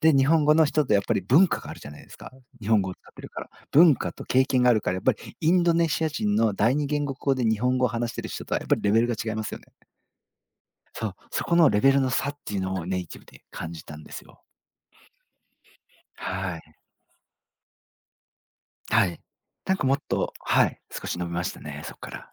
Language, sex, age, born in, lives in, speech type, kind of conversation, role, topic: Japanese, male, 40-44, Japan, Japan, conversation, podcast, guest, 学習習慣を身につけるには、どんな工夫をすればよいですか？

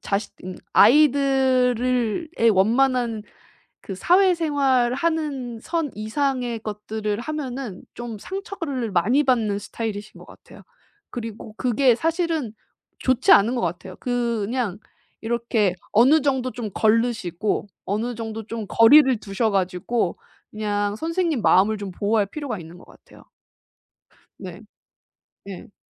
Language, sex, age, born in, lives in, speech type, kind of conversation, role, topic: Korean, female, 30-34, South Korea, Japan, conversation, advice, advisor, 거절을 당했을 때 어떻게 빨리 회복하고 자신감을 되찾을 수 있을까요?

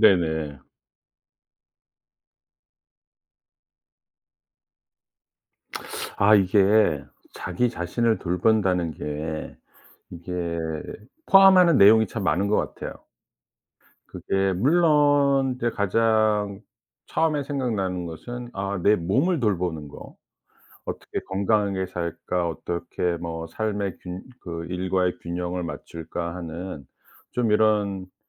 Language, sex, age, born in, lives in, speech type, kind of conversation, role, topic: Korean, male, 55-59, South Korea, United States, conversation, podcast, guest, 자기 자신을 어떻게 돌보시나요?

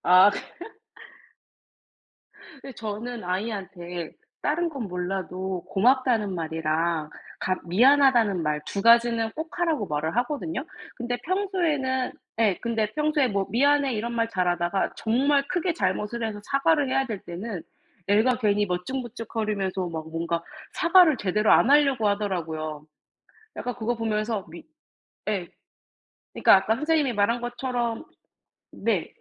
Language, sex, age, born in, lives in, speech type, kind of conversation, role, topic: Korean, female, 30-34, South Korea, United States, conversation, unstructured, none, 용서는 반드시 필요한 행동일까요?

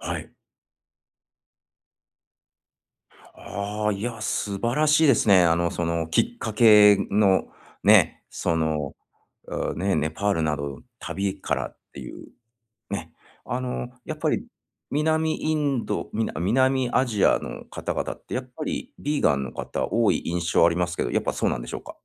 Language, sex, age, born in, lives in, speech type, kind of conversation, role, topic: Japanese, male, 45-49, Japan, United States, conversation, advice, advisor, 新しい食事法を試すべきか迷っている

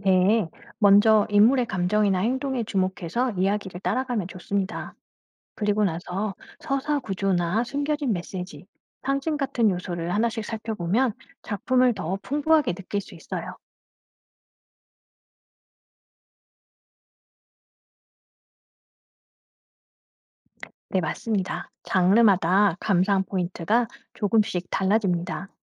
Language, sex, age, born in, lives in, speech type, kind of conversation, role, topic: Korean, female, 45-49, South Korea, France, read, expert-assistant, expert, 책을 읽을 때 이야기, 등장인물, 작가의 의도 중에서 어떤 부분을 더 중요하게 생각해야 할까요?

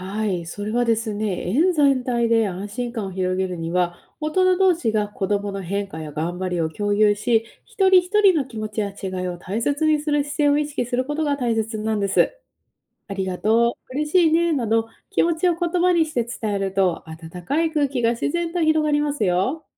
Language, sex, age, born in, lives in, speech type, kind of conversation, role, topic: Japanese, female, 30-34, Japan, United States, read, expert-assistant, expert, 遊びを通じて子どもの心の発達を促すには、どんな工夫が考えられる？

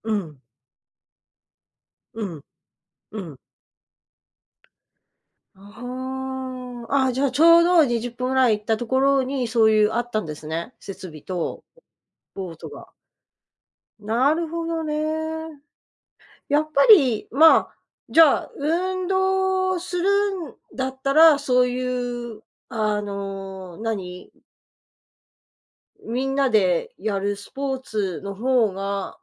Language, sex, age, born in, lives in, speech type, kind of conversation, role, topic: Japanese, female, 55-59, Japan, United States, conversation, advice, advisor, 忙しくて運動の時間が取れないことで、どんなストレスを感じていますか？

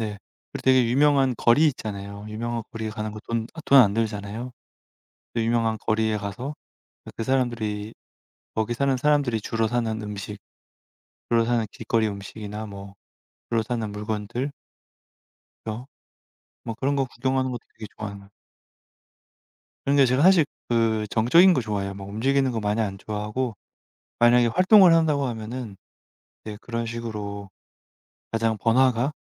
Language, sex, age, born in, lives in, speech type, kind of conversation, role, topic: Korean, male, 35-39, South Korea, France, conversation, unstructured, none, 여행지에서 꼭 해보고 싶은 활동이 있으신가요?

